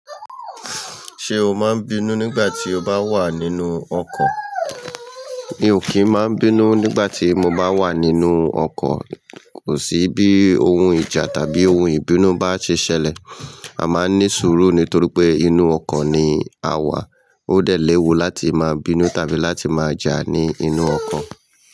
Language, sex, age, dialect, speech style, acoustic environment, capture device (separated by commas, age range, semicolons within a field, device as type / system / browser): Yoruba, male, 45-54, Nigeria - Lagos Yoruba, happy; excited; relaxed, people talking; nature, mobile / Linux / Chrome